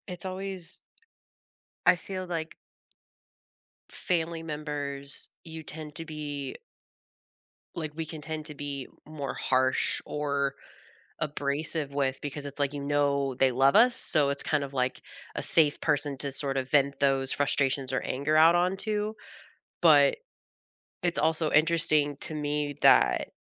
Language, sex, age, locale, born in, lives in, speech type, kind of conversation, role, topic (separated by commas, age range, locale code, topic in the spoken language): English, female, 35-39, en-US, United States, United States, conversation, unstructured, none, How do you handle disagreements with family members?